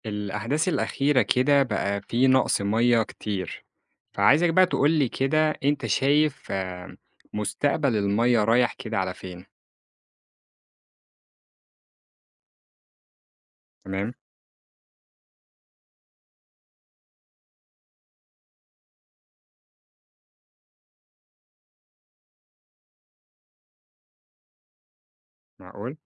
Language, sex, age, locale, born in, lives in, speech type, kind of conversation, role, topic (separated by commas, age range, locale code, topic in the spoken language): Arabic, male, 25-29, ar-EG, Egypt, Egypt, conversation, podcast, host, إزاي شايف مستقبل الميه النقية عندنا؟